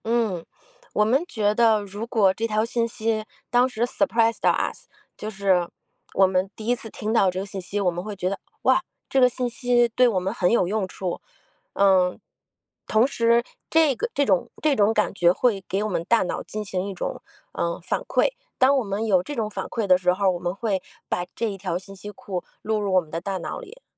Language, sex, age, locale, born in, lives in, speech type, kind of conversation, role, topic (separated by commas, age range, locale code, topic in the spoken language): Chinese, female, 40-44, zh-CN, China, United States, conversation, podcast, guest, 你有哪些整理知识库的实用技巧？